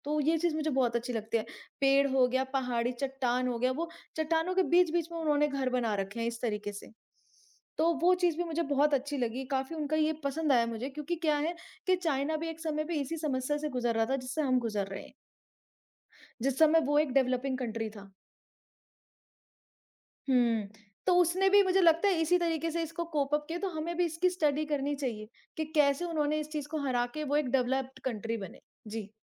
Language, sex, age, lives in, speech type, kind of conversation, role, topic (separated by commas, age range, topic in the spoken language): Hindi, female, 25-29, India, conversation, unstructured, none, क्या आपको लगता है कि पर्यावरण संरक्षण के लिए हमारे प्रयास पर्याप्त हैं?